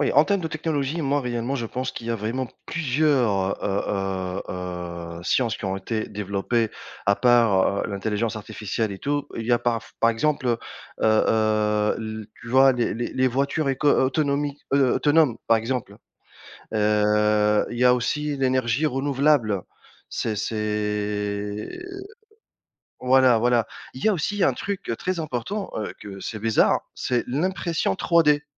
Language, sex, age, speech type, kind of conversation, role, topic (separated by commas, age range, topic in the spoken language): French, male, 35-39, conversation, unstructured, none, Quelle découverte scientifique t’a le plus surprise ?